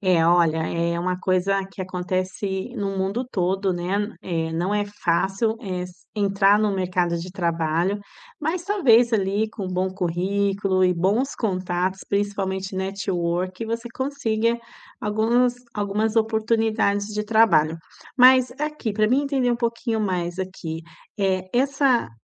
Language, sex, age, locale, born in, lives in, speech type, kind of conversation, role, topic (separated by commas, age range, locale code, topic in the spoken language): Portuguese, female, 45-49, pt-BR, Brazil, Italy, conversation, advice, advisor, Como posso lidar com a culpa de não conseguir equilibrar o trabalho e a vida pessoal?